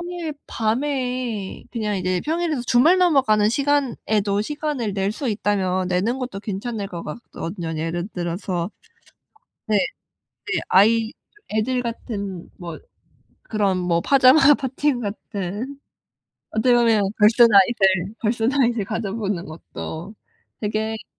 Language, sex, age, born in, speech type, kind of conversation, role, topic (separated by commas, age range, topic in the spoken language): Korean, female, 25-29, South Korea, conversation, advice, advisor, 어떻게 바쁜 일정 속에서도 친구들과 함께 축하할 시간을 만들 수 있을까요?